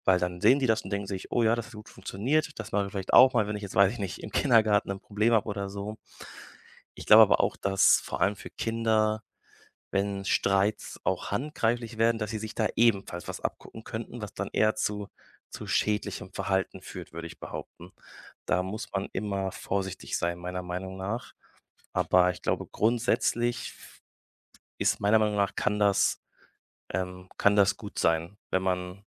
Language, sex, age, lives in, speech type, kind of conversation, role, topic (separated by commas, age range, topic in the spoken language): German, male, 25-29, Germany, conversation, podcast, guest, Wie kannst du verhindern, dass kleine Streitigkeiten eskalieren?